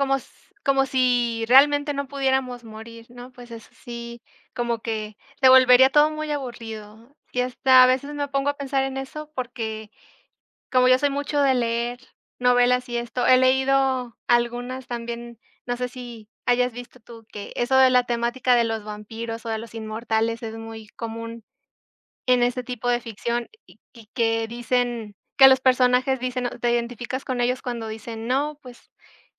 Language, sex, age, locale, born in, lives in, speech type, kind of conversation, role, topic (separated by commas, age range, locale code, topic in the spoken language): Spanish, female, 25-29, es-MX, Mexico, Mexico, conversation, unstructured, none, ¿Qué piensas sobre la idea de que la muerte le da sentido a la vida?